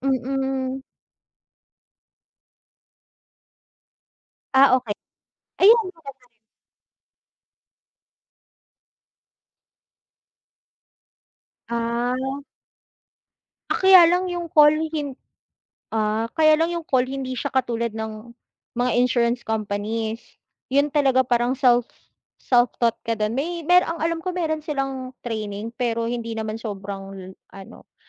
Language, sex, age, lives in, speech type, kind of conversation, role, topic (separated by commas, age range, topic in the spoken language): Filipino, female, 35-39, Philippines, conversation, unstructured, none, Ano ang palagay mo tungkol sa pag-iipon para sa kinabukasan?